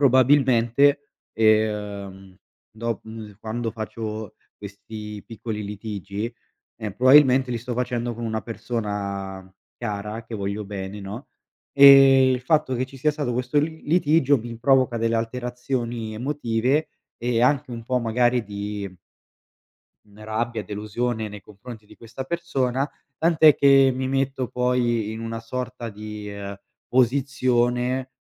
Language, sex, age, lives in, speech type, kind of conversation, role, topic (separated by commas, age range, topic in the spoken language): Italian, male, 25-29, Italy, conversation, advice, user, Come ti ritiri e ti isoli dopo piccoli conflitti?